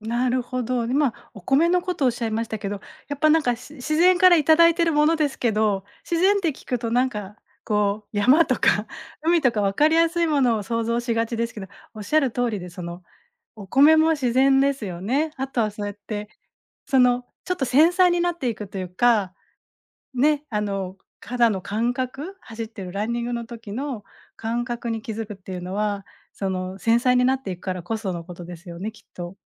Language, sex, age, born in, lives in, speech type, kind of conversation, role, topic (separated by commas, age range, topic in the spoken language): Japanese, female, 40-44, Japan, Japan, conversation, podcast, host, 自然の中でいちばん心が動くのはどんな瞬間ですか？